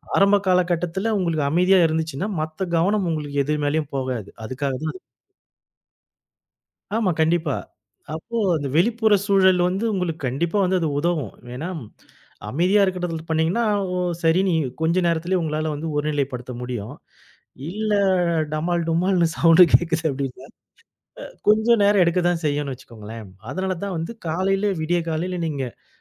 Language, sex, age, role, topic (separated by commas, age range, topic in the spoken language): Tamil, male, 40-44, guest, தியானத்தில் மெய்யுணர்ச்சியைப் பேண எளிய நடைமுறைகள் எவை?